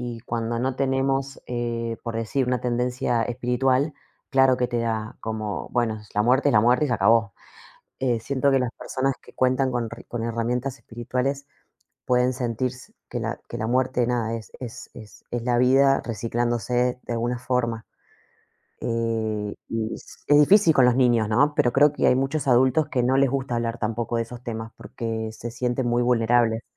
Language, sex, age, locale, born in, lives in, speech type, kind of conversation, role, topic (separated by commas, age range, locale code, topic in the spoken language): Spanish, female, 35-39, es-AR, Argentina, Portugal, conversation, unstructured, none, ¿Crees que la muerte cambia la forma en que valoramos la vida?